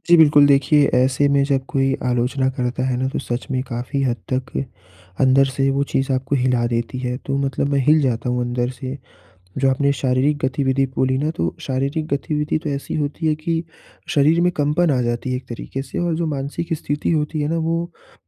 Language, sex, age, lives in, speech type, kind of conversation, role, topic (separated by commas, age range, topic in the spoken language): Hindi, male, 20-24, India, conversation, advice, user, आलोचना के बावजूद मैं आत्मविश्वास कैसे बनाए रखूँ और शांत कैसे रहूँ?